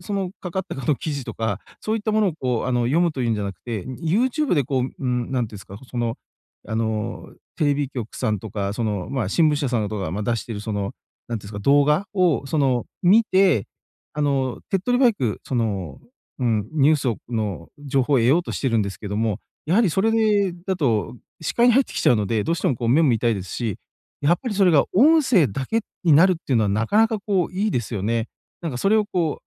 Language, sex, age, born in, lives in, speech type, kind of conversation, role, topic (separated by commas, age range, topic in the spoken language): Japanese, male, 40-44, Japan, Japan, conversation, advice, user, 寝る前の画面を見る時間を減らすにはどうすればいいですか？